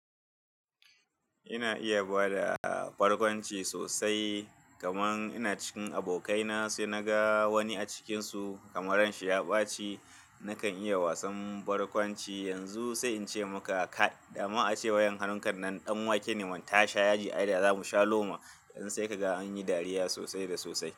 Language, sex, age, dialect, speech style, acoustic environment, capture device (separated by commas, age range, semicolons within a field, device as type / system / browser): Hausa, male, 35-44, Nigeria - Kano, happy, people talking, desktop / Linux / Chrome